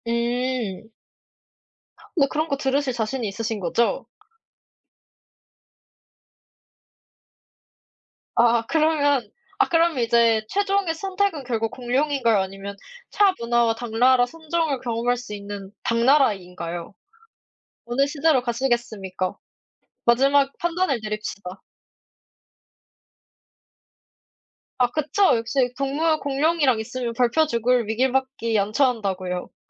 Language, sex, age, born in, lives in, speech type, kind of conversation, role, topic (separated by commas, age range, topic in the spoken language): Korean, female, 20-24, South Korea, South Korea, conversation, unstructured, none, 만약 과거로 돌아가 하루를 보낼 수 있다면, 어느 시대로 가고 싶으신가요?